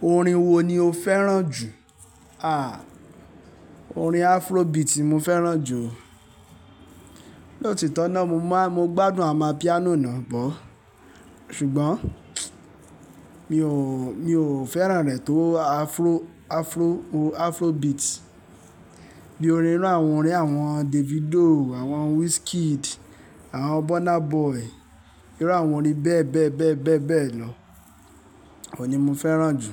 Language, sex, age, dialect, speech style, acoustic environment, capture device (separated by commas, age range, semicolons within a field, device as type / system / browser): Yoruba, male, 25-34, Nigeria - Lagos Yoruba, happy, silence, mobile / Linux / Chrome